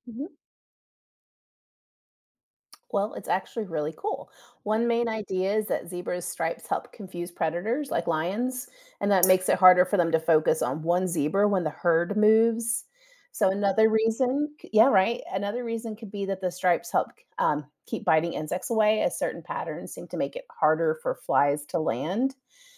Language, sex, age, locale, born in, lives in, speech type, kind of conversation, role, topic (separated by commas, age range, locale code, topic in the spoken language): English, female, 45-49, en-US, United States, United States, read, expert-assistant, expert, Why do zebras have stripes?